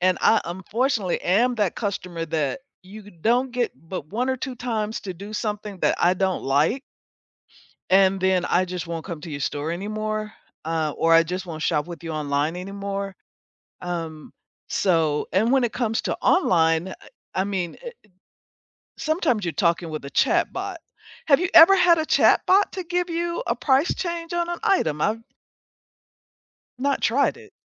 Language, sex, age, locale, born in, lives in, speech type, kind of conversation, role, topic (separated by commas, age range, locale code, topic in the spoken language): English, female, 65-69, en-US, United States, United States, conversation, unstructured, none, How do you react when a store refuses to match a competitor’s price?